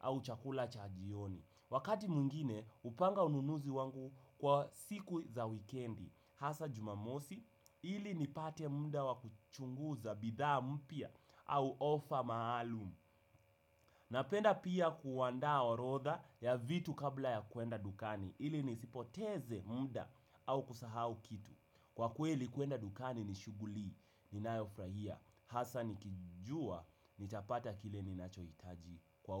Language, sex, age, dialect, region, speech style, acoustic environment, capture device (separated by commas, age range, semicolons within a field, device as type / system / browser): Swahili, male, 18-24, Kenya - Nairobi Sheng-influenced, Kenya, excited; focused; relaxed; happy, people talking; music; nature; silence; appliances; construction; traffic, desktop / Windows / Chrome